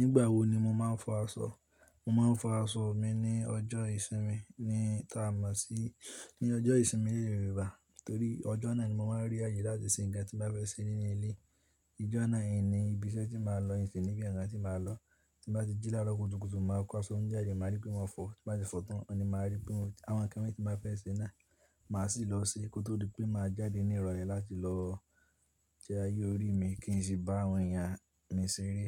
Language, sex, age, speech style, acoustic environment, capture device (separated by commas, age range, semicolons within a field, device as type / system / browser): Yoruba, male, 18-24, tired, silence; nature, mobile / Linux / Chrome